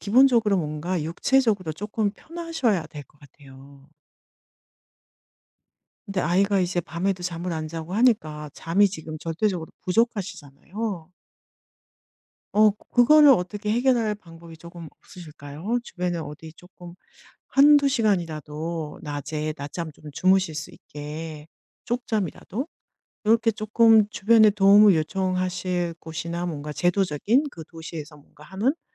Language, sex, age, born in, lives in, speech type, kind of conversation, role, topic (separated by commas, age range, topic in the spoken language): Korean, female, 50-54, South Korea, Germany, conversation, advice, advisor, 반복되는 일상 때문에 삶이 무의미하게 느껴지는데, 어떻게 하면 좋을까요?